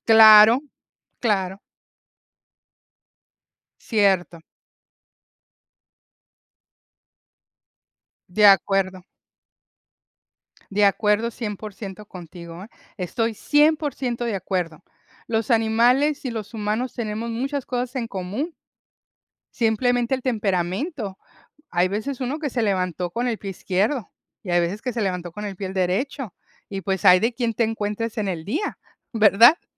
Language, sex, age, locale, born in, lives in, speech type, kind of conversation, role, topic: Spanish, female, 55-59, es-MX, United States, United States, conversation, unstructured, none, ¿Te preocuparía que un animal de tu vecindario fuera agresivo?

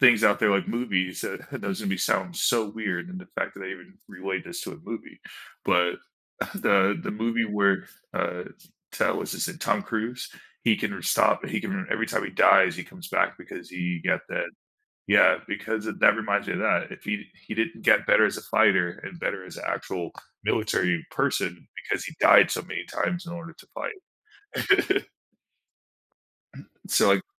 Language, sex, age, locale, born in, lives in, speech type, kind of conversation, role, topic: English, male, 35-39, en-US, United States, United States, conversation, unstructured, none, Would you rather have a rewind button for your life or a pause button?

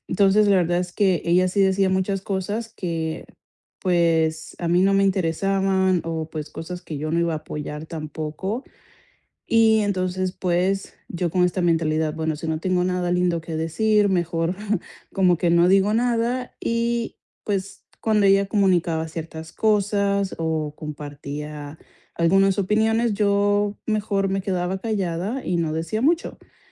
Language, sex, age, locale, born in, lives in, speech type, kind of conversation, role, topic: Spanish, female, 25-29, es-CO, Colombia, United States, conversation, advice, user, ¿Cómo puedo recuperar la confianza después de fallarle a alguien?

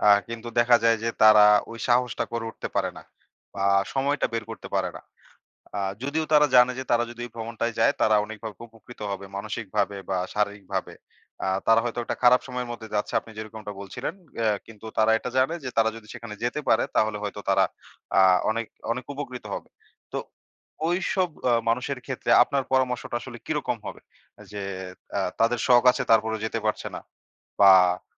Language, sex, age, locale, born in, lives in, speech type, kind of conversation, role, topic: Bengali, male, 25-29, bn-BD, Bangladesh, Bangladesh, conversation, podcast, host, আপনার কোন শখটি আপনার জীবন বদলে দিয়েছে, একটু বলবেন?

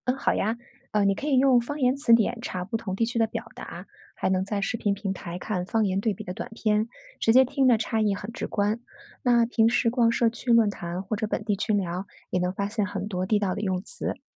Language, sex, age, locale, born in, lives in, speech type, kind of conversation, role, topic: Chinese, female, 40-44, zh-CN, China, United States, read, expert-assistant, expert, 想了解不同地方的说话习惯，我应该从哪里开始找有趣又可靠的信息？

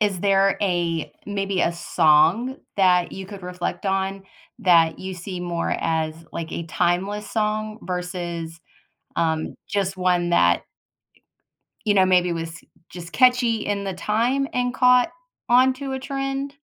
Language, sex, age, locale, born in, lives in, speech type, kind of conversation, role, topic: English, female, 40-44, en-US, United States, United States, conversation, podcast, host, What makes certain stories connect with people all around the world?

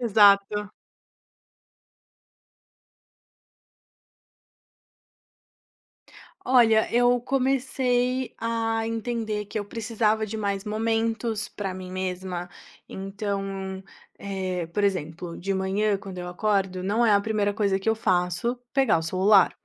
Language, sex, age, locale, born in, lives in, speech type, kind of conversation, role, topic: Portuguese, female, 25-29, pt-BR, Brazil, Italy, conversation, podcast, guest, Como equilibrar a presença online e a vida offline?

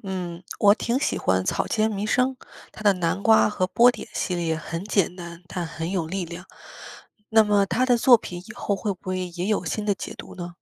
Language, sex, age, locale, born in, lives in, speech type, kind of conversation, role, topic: Chinese, female, 25-29, zh-CN, China, United States, read, expert-assistant, asker, 为什么艺术作品的意义会随着时间和观点改变？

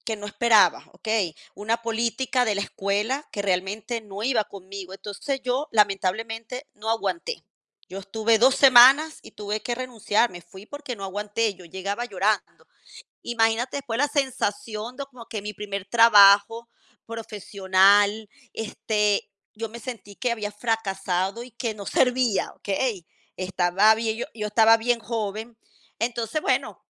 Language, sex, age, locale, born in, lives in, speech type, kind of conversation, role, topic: Spanish, female, 50-54, es-VE, Venezuela, Portugal, conversation, unstructured, none, ¿Qué te enseña el fracaso?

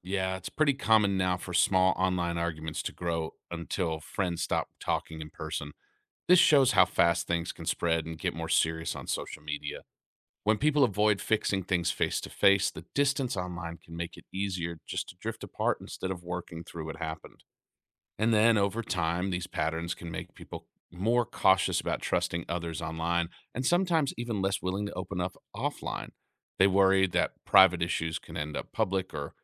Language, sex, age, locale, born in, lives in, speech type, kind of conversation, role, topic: English, male, 35-39, en-US, United States, United States, read, expert-assistant, expert, How does social media affect personal relationships?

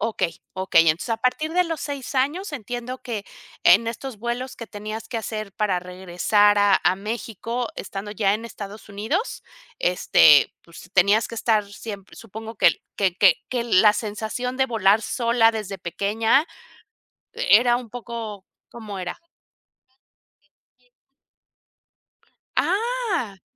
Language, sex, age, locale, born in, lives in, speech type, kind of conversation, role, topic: Spanish, female, 50-54, es-MX, Mexico, Mexico, conversation, podcast, host, ¿Cuál fue tu peor experiencia a causa de la cancelación de un vuelo?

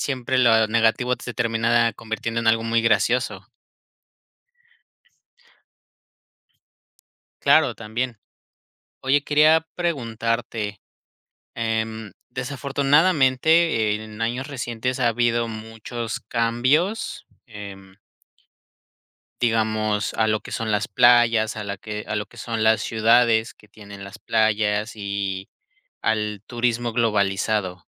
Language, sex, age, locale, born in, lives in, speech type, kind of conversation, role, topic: Spanish, male, 25-29, es-MX, Mexico, Mexico, conversation, podcast, host, ¿Qué recuerdos tienes de cuando pasabas tiempo en la playa o en el mar?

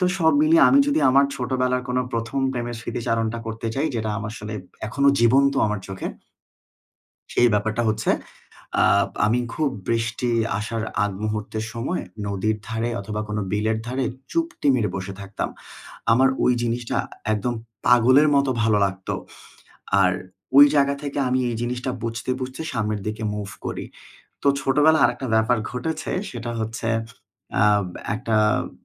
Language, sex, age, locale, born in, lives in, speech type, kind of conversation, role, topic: Bengali, male, 25-29, bn-BD, Bangladesh, Bangladesh, conversation, unstructured, none, প্রথম প্রেমের স্মৃতি আজও কেন মনে থাকে?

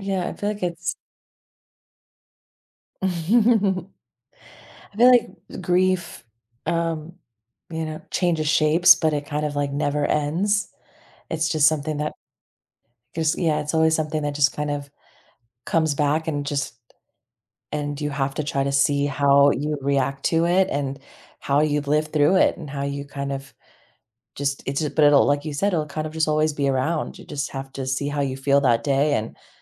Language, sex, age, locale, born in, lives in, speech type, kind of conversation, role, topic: English, female, 30-34, en-US, United States, United States, conversation, advice, advisor, How can I find meaning after a loss?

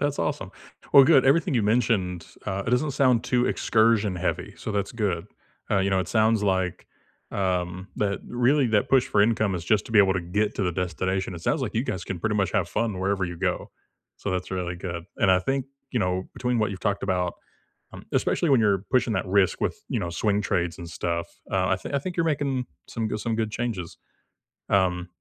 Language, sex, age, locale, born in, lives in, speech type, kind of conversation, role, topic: English, male, 30-34, en-US, United States, United States, conversation, unstructured, none, What could you change next year to free up more time and energy for what matters most?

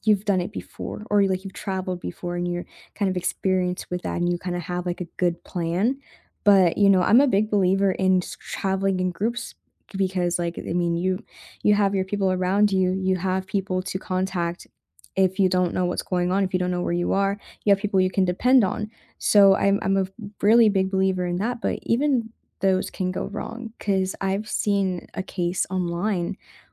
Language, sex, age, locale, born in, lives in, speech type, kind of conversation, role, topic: English, female, 20-24, en-US, United States, United States, conversation, unstructured, none, Can adventure travel be made genuinely safe while preserving real thrill and authentic risk?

